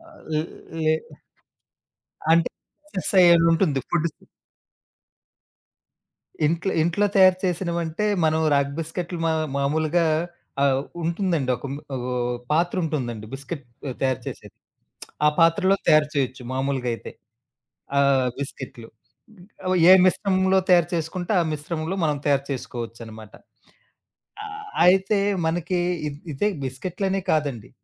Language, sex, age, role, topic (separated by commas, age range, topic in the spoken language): Telugu, male, 35-39, guest, మీకు ఇష్టమైన ఆరోగ్యకరమైన చిరుతిళ్లు ఏమిటి?